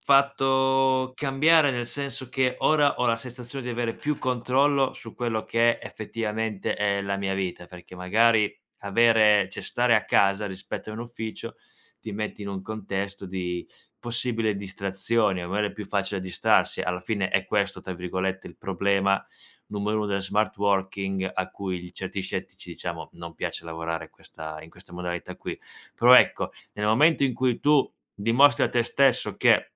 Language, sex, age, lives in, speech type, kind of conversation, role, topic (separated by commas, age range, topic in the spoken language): Italian, male, 25-29, Italy, conversation, podcast, guest, Come ti ritagli del tempo per te stesso quando hai mille impegni?